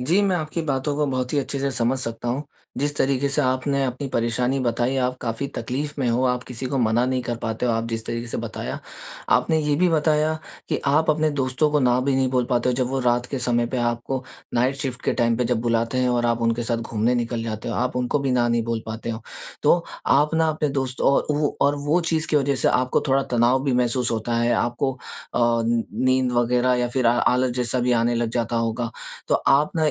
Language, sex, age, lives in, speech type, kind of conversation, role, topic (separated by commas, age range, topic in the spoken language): Hindi, male, 20-24, India, conversation, advice, advisor, मैं अपनी सीमाएँ और प्राथमिकताएँ साफ़ तरीके से कैसे तय करूँ?